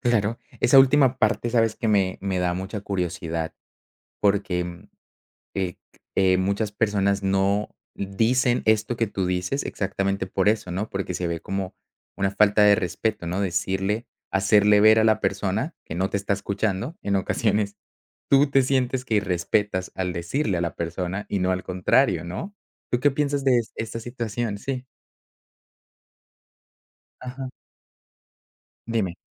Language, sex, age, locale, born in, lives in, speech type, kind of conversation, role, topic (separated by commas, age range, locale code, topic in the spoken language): Spanish, male, 25-29, es-CO, Colombia, Netherlands, conversation, podcast, host, ¿Cómo sabes si alguien te escucha de verdad?